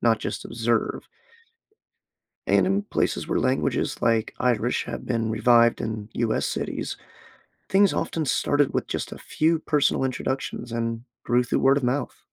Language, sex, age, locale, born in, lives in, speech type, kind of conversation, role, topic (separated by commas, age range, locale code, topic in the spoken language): English, male, 25-29, en-US, United States, United States, read, expert-assistant, expert, How can communities support endangered language preservation?